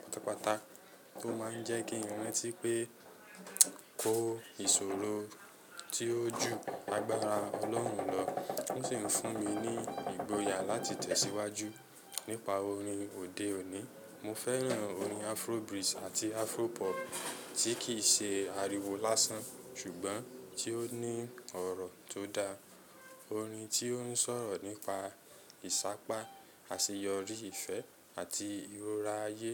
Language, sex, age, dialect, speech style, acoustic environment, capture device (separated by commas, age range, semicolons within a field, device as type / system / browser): Yoruba, male, 25-34, Nigeria - Lagos Yoruba, excited, silence, mobile / Linux / Chrome